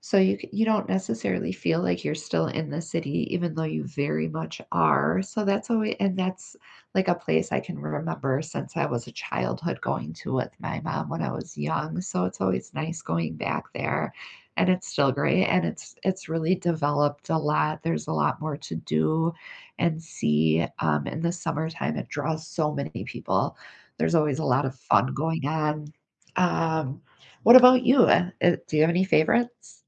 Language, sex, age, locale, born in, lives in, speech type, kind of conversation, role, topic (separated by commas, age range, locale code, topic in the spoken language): English, female, 45-49, en-US, United States, United States, conversation, unstructured, none, How can you explore nearby in smart, low-impact ways that build local connections?